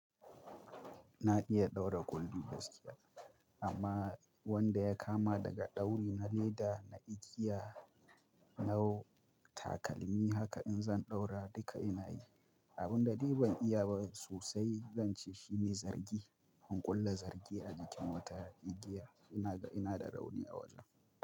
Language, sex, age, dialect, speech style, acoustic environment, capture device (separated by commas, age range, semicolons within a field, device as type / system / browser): Hausa, male, 25-34, Nigeria - Kano, focused, silence, mobile / Linux / Chrome